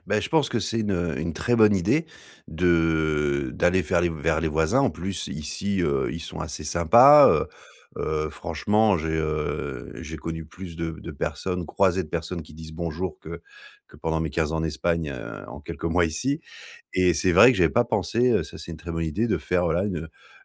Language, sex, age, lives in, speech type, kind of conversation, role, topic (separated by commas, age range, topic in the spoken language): French, male, 40-44, France, conversation, advice, user, Comment puis-je me sentir davantage intégré(e) dans mon nouvel environnement ?